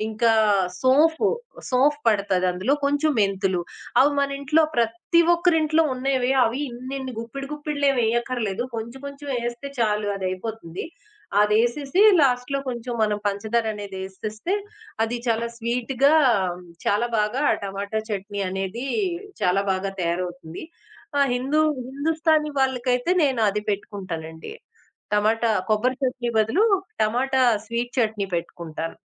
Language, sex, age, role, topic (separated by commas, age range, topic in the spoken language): Telugu, female, 20-24, guest, పరిమిత వనరులతో పెద్ద విందును ఎలా నిర్వహించాలి?